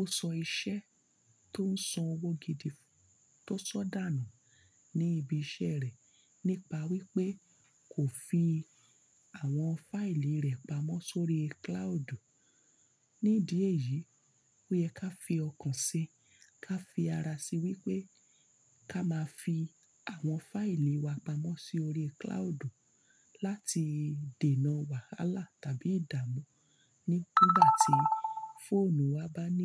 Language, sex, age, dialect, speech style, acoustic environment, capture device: Yoruba, female, 35-44, Nigeria - Lagos Yoruba, happy, nature, mobile / Linux / Chrome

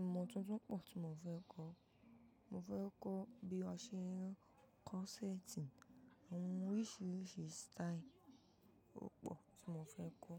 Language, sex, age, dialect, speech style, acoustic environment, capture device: Yoruba, female, 18-24, Nigeria - Ibadan, happy, appliances, mobile / macOS / Safari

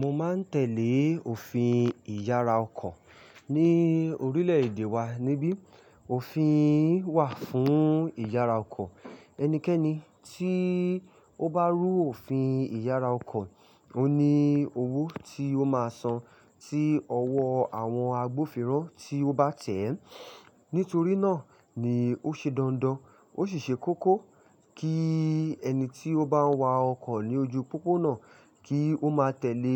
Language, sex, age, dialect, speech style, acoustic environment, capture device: Yoruba, male, 25-34, Nigeria - Ibadan, focused, silence, mobile / Linux / Chrome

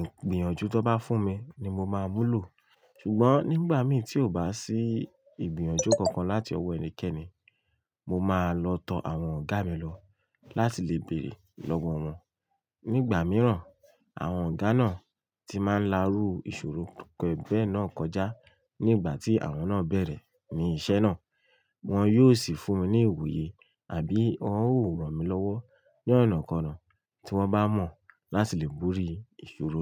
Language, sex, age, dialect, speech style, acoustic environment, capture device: Yoruba, male, 25-34, Nigeria - Lagos Yoruba, relaxed, nature, mobile / Linux / Chrome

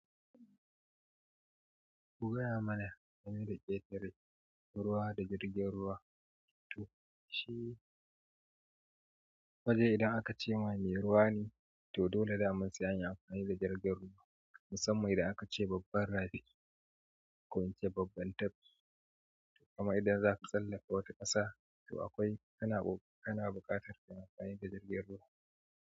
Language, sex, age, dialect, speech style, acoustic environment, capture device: Hausa, male, 35-44, Nigeria - Kano, happy; excited, nature, mobile / Android / Chrome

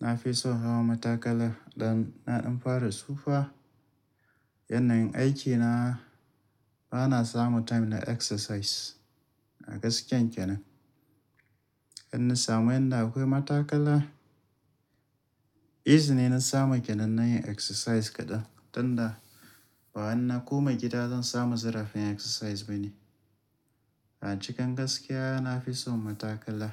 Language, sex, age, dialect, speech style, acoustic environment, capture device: Hausa, male, 35-44, Nigeria - Kano, tired, nature; music; appliances, mobile / Linux / Chrome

